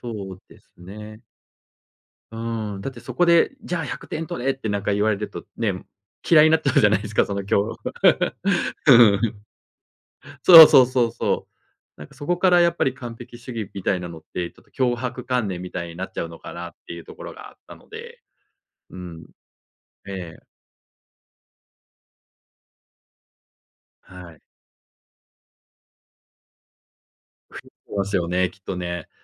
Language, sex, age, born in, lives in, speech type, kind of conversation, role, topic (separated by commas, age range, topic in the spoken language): Japanese, male, 40-44, Japan, Japan, conversation, podcast, guest, 完璧主義をどうやって抑える？